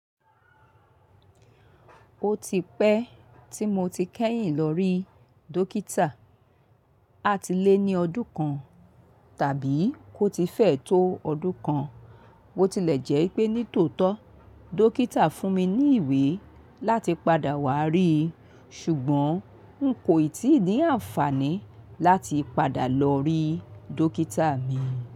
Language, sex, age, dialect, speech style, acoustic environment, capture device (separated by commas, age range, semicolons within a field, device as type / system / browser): Yoruba, female, 45-54, Nigeria - Lagos Yoruba, tired, nature; traffic; appliances, mobile / Linux / Chrome